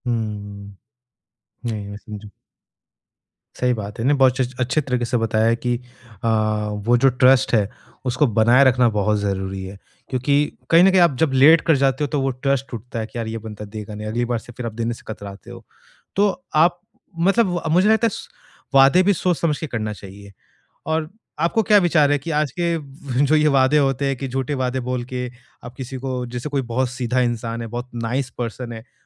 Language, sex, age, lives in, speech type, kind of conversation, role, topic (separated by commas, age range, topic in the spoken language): Hindi, male, 25-29, India, conversation, podcast, host, Jab aap khud stress mein hote hain, toh aap dusron ki baat dhyaan se kaise sun pate hain?